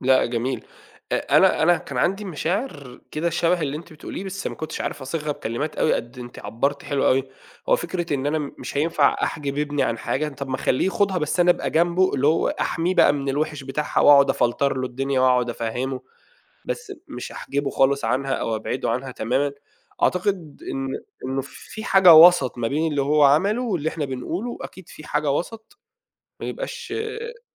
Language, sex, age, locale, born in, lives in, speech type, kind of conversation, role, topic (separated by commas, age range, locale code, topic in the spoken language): Arabic, male, 30-34, ar-EG, Saudi Arabia, Egypt, conversation, podcast, guest, إزاي بتختار مهارة جديدة تقضي فيها وقت فراغك؟